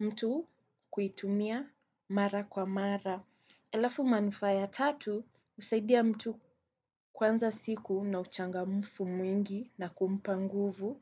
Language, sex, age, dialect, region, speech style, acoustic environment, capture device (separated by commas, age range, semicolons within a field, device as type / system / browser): Swahili, female, 18-24, Kenya - Nairobi Sheng-influenced, Kenya, relaxed, silence, desktop / Windows / Chrome